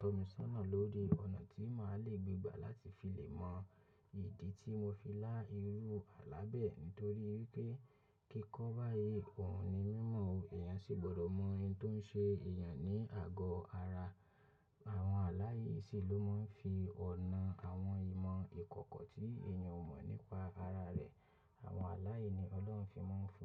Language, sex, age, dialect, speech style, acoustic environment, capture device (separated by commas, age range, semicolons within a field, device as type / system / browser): Yoruba, male, 25-34, Nigeria - Lagos Yoruba, focused, nature, mobile / macOS / Safari